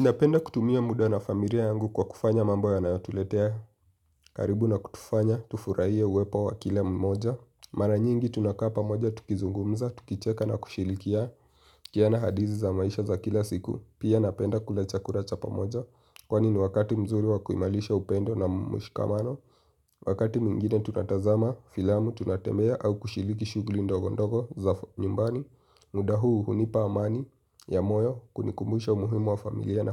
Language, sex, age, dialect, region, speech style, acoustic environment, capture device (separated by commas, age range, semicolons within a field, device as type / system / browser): Swahili, male, 18-24, Kenya - Nairobi Sheng-influenced, Kenya, happy; relaxed; focused, nature; silence, mobile / Linux / Chrome